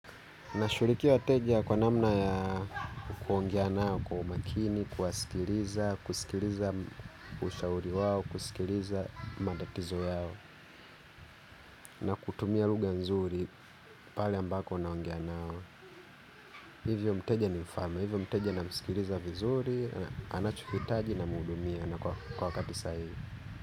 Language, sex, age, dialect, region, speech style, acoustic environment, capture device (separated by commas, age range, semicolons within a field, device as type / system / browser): Swahili, male, 25-34, Kenya - Nairobi Sheng-influenced, Kenya, happy, people talking; traffic; construction; nature, mobile / Linux / Chrome